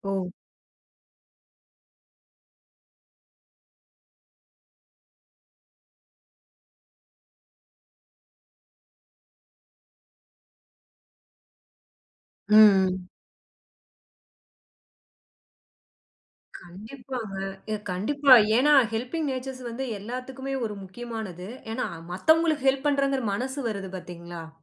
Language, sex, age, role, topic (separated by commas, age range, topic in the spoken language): Tamil, female, 30-34, host, அங்கே நீங்கள் சந்தித்த ஒருவரிடமிருந்து கற்றுக்கொண்ட பழக்கம் எது?